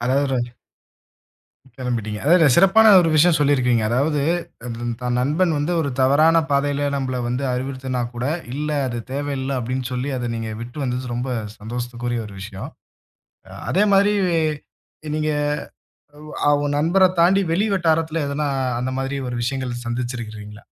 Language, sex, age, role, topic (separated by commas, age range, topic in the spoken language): Tamil, male, 25-29, host, மோசமான பழக்கங்கள் உள்ள ஒருவருடன் நீங்கள் எப்படிச் சரியான வரம்புகளை அமைப்பீர்கள்?